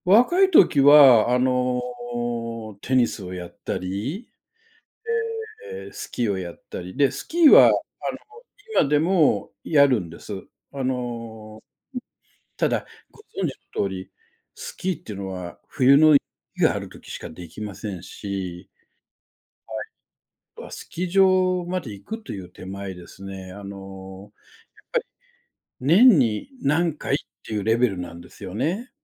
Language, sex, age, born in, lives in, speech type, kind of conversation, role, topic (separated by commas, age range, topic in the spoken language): Japanese, male, 60-64, Japan, Japan, conversation, advice, user, 運動習慣を続けられずすぐやめてしまうのはなぜですか？